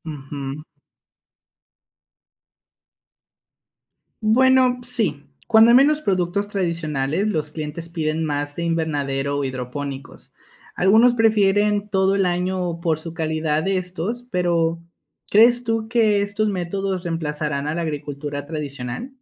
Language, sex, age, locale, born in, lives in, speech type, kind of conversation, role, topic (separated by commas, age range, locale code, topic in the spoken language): Spanish, male, 25-29, es-MX, Mexico, Mexico, read, expert-assistant, asker, ¿Por qué las tecnologías modernas han cambiado la forma en que cultivamos nuestros alimentos?